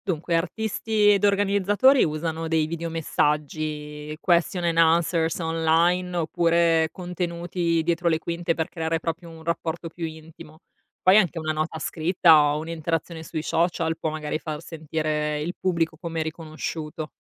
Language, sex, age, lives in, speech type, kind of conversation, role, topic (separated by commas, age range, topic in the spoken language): Italian, female, 35-39, Italy, read, expert-assistant, expert, Cosa si perde o si guadagna scegliendo una performance dal vivo invece di una registrata?